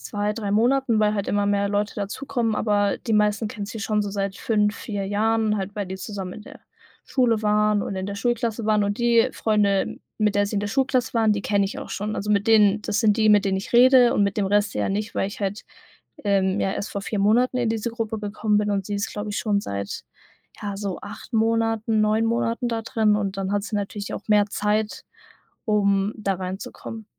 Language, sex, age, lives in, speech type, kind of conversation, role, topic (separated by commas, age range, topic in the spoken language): German, female, 18-19, Germany, conversation, advice, user, Wie verstellst du dich, um in einer Gruppe akzeptiert zu werden?